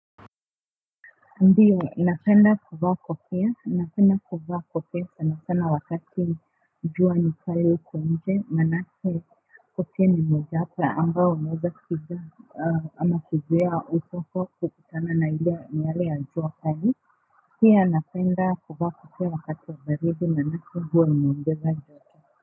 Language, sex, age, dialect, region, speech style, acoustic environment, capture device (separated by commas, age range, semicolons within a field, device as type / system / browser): Swahili, male, 35-44, Kenya - Nairobi Sheng-influenced, Kenya, relaxed, traffic, mobile / Linux / Chrome